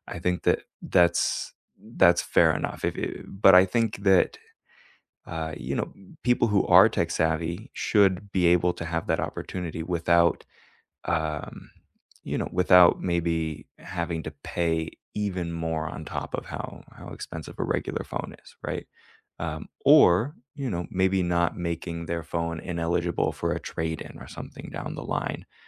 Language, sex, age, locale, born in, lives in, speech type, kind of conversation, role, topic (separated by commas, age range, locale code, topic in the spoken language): English, male, 25-29, en-US, United States, United States, conversation, unstructured, none, Should tech companies be legally required to make consumer devices repairable?